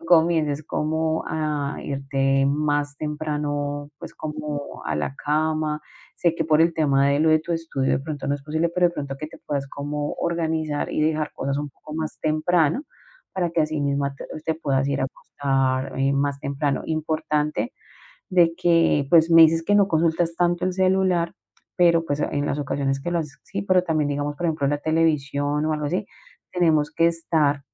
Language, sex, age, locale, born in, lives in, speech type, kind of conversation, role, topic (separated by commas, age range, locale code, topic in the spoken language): Spanish, female, 40-44, es-CO, Colombia, Spain, conversation, advice, advisor, ¿Cómo has estado luchando por mantener una rutina de sueño regular?